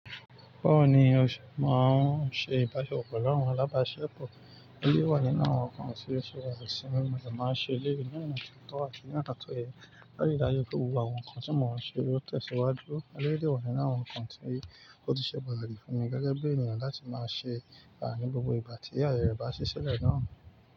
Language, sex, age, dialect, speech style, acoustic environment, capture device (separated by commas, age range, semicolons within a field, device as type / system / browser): Yoruba, male, 25-34, Nigeria - Nigerian Standard, excited, nature, mobile / Linux / Chrome